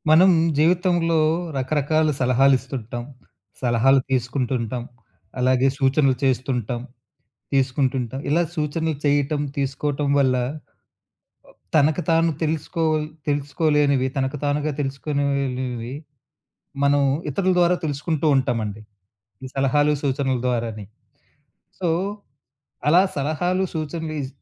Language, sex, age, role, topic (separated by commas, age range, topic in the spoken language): Telugu, male, 35-39, host, ఫీడ్‌బ్యాక్ ఇవ్వడంలో మీ విధానం ఎలా ఉంటుంది?